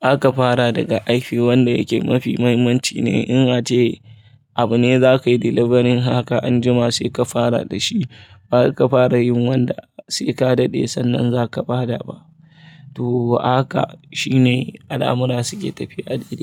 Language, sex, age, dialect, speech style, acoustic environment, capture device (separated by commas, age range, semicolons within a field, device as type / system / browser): Hausa, male, 25-34, Nigeria - Kano, relaxed, people talking; traffic; appliances, mobile / Linux / Chrome